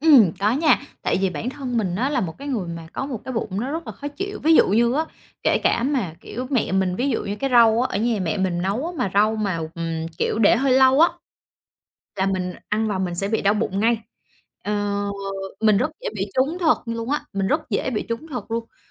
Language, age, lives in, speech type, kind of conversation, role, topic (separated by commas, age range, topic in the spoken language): Vietnamese, 30-34, Vietnam, conversation, advice, user, Làm sao để ăn uống lành mạnh khi lịch sinh hoạt của bạn thay đổi?